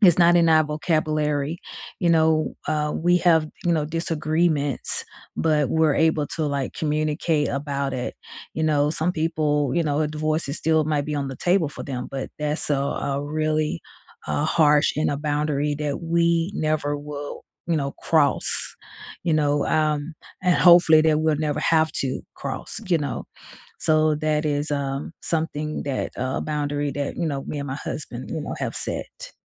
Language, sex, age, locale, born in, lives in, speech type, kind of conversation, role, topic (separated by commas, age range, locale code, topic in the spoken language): English, female, 45-49, en-US, United States, United States, conversation, unstructured, none, How can you set boundaries that strengthen, rather than weaken, your relationships?